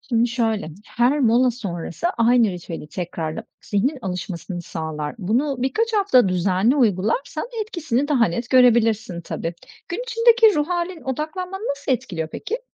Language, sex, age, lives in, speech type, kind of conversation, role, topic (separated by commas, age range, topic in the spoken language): Turkish, female, 40-44, Greece, read, expert-assistant, expert, Günlük işlerde zamanı daha verimli kullanmak için hangi küçük alışkanlıkları geliştirebilirim?